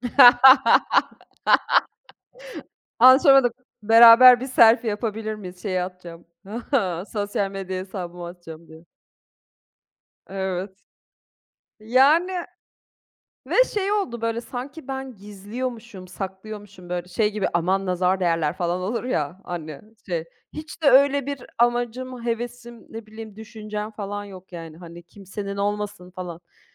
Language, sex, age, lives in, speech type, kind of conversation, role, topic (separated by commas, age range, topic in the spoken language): Turkish, female, 40-44, Austria, conversation, unstructured, none, Sosyal medyada gerçek benliğini gösterebiliyor musun?